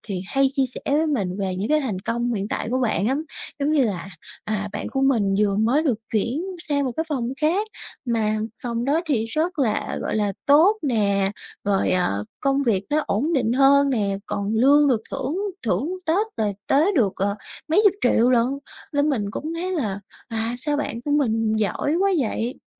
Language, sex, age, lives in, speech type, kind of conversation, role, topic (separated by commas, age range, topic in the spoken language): Vietnamese, female, 20-24, Vietnam, conversation, advice, user, Bạn cảm thấy ghen tị với thành công của bạn thân và điều đó đã ảnh hưởng thế nào đến tình bạn của hai bạn?